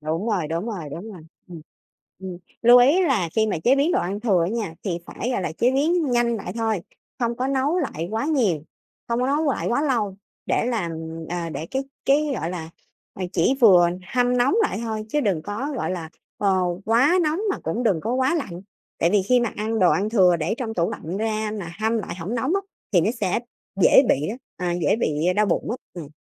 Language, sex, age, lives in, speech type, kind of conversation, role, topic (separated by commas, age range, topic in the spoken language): Vietnamese, female, 30-34, Vietnam, conversation, podcast, guest, Làm thế nào để biến đồ ăn thừa thành một bữa ăn ngon cho cả gia đình?